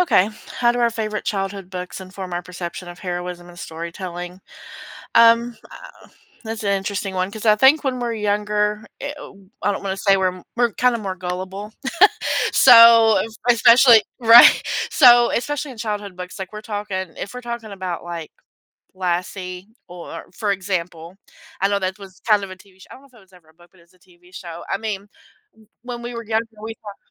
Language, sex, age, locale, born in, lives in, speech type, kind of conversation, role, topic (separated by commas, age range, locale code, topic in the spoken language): English, female, 40-44, en-US, United States, United States, conversation, unstructured, none, How do the stories we loved as children shape our ideas about what it means to be a hero?